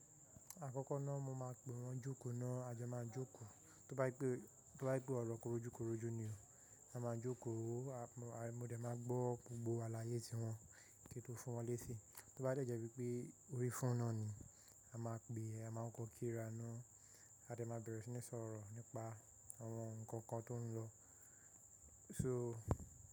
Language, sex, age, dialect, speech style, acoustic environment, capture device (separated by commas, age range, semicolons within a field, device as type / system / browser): Yoruba, male, 18-24, Nigeria - Nigerian Standard, relaxed, nature, mobile / macOS / Safari